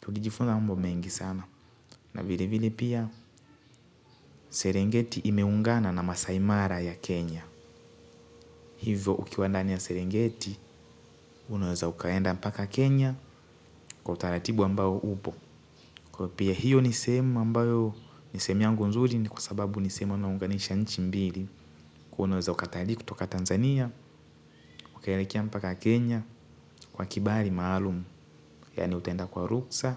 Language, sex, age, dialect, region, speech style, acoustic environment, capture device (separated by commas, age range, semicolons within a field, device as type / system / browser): Swahili, male, 18-24, Tanzania - Dar es Salaam, Tanzania, happy, nature, mobile / Linux / Chrome